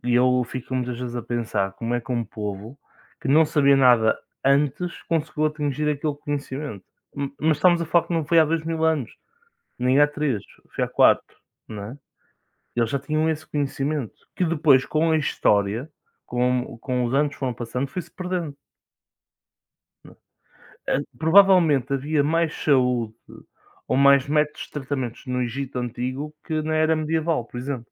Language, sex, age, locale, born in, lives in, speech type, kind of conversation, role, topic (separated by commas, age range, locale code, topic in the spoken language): Portuguese, male, 20-24, pt-PT, Portugal, Portugal, conversation, unstructured, none, O que mais te surpreende nos antigos egípcios?